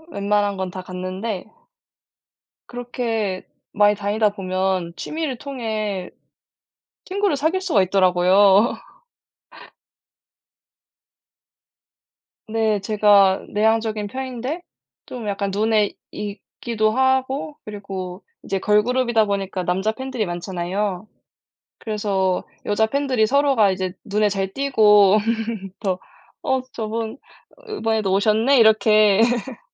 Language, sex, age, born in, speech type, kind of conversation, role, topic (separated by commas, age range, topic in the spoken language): Korean, female, 20-24, South Korea, conversation, unstructured, none, 취미를 하면서 배운 것 중에서 가장 재미있었던 점은 무엇인가요?